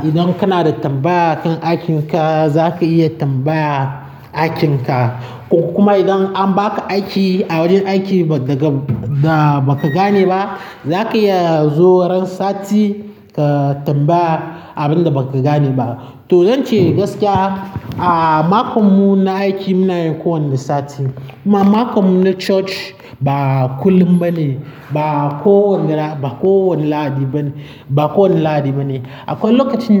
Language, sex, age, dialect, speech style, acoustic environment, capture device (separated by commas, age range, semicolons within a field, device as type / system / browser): Hausa, male, 18-24, Nigeria - Nigerian Standard, happy; nervous, people talking; traffic; construction, mobile / Linux / Chrome